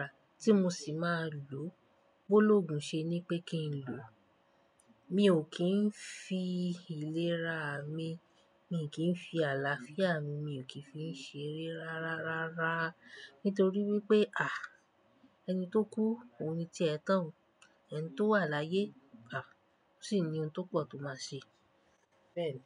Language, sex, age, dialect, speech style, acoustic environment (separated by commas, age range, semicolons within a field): Yoruba, female, 25-34, Nigeria - Nigerian Standard, happy; relaxed, appliances; silence